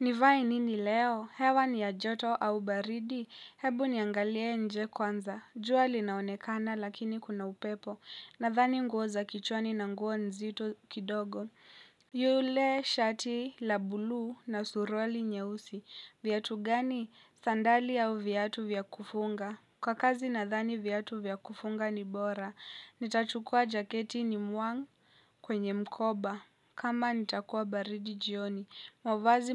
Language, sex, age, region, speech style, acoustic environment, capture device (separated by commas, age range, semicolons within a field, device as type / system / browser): Swahili, female, 18-24, Kenya, happy; relaxed, people talking; silence; nature, mobile / Linux / Chrome